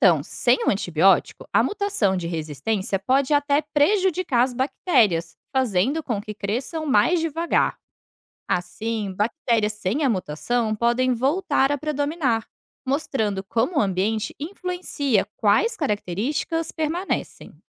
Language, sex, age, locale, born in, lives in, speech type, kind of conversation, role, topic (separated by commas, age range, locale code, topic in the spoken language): Portuguese, female, 30-34, pt-BR, Brazil, Portugal, read, expert-assistant, expert, Quais são os melhores canais para aprender sobre evolução de forma confiável?